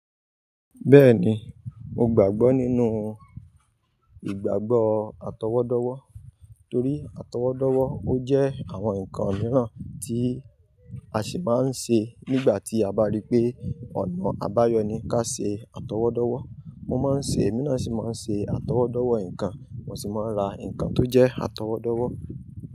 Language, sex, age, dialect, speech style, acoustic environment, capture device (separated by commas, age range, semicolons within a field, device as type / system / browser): Yoruba, male, 25-34, Nigeria - Ibadan, happy, traffic, mobile / Linux / Chrome